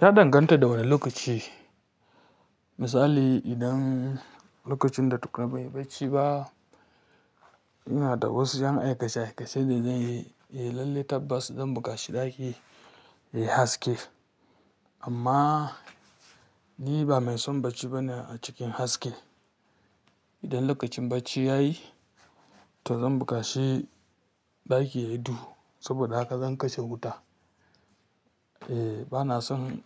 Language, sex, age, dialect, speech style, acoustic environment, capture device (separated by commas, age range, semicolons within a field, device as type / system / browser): Hausa, female, 25-34, Nigeria - Kano, happy; focused; relaxed, silence, mobile / Linux / Chrome